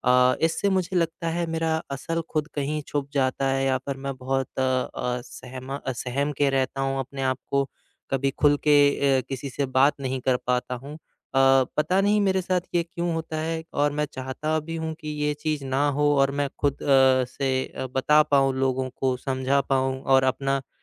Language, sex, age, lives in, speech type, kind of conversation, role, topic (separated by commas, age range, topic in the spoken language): Hindi, male, 25-29, India, conversation, advice, user, मैं सामाजिक समूहों में अपने असली रूप को कैसे स्वाभाविक रूप से स्वीकार्य बना सकता/सकती हूँ?